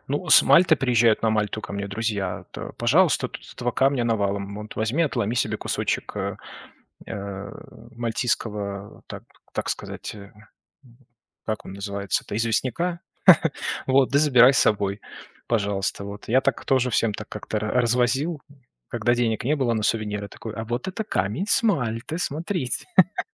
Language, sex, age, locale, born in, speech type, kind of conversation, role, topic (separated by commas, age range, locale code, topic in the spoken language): Russian, male, 35-39, ru-RU, Belarus, conversation, unstructured, none, Как ты считаешь, путешествия меняют человека?